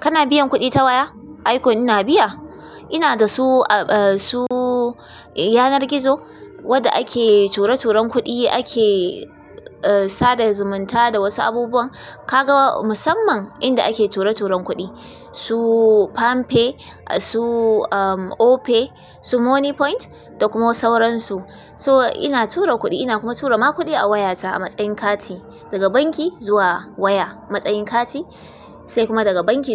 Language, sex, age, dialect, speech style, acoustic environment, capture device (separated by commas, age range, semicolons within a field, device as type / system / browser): Hausa, female, 25-34, Nigeria - Kano, tired; excited, music; appliances, desktop / Linux / Chrome